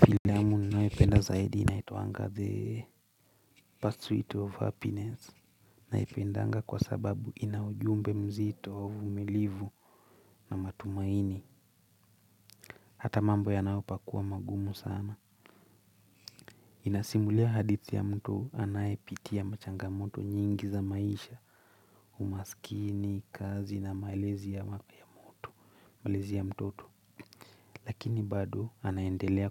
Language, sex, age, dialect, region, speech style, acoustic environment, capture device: Swahili, male, 25-34, Kenya - Nairobi Sheng-influenced, Kenya, focused; relaxed, silence, mobile / Linux / Chrome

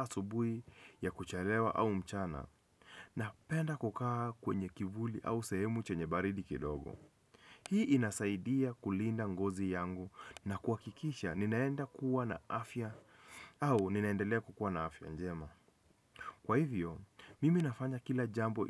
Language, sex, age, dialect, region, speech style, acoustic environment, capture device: Swahili, male, 25-34, Kenya - Nairobi Sheng-influenced, Kenya, relaxed; focused; happy, people talking; music; nature; construction; traffic; appliances, desktop / Windows / Chrome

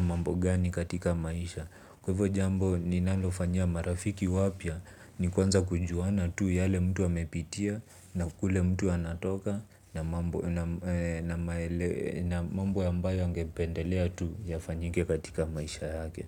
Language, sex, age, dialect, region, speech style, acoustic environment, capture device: Swahili, male, 25-34, Kenya - Nairobi Sheng-influenced, Kenya, relaxed; focused, silence, mobile / Linux / Chrome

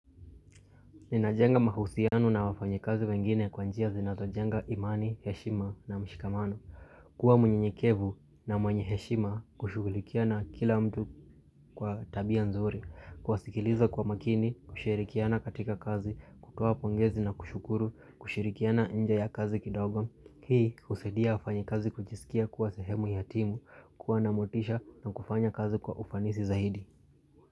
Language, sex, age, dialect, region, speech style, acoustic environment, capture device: Swahili, male, 25-34, Kenya - Nairobi Sheng-influenced, Kenya, focused, people talking, mobile / Linux / Chrome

